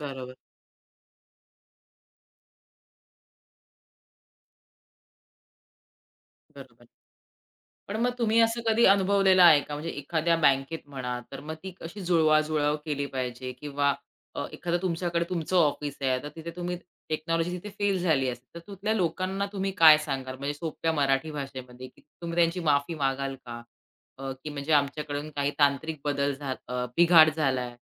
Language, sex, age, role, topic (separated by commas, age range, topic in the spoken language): Marathi, female, 30-34, host, तंत्रज्ञान अचानक निकामी झालं, तेव्हा तुम्ही परिस्थिती कशी सांभाळली?